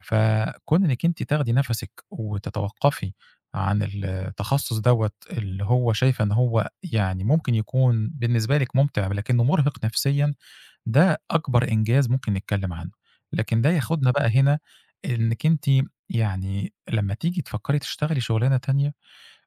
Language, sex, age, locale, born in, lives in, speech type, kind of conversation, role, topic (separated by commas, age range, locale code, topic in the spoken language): Arabic, male, 40-44, ar-EG, Egypt, Egypt, conversation, advice, advisor, إزاي فقدان الشغل أثّر على إحساسك بهويتك، وإيه خطتك البديلة دلوقتي؟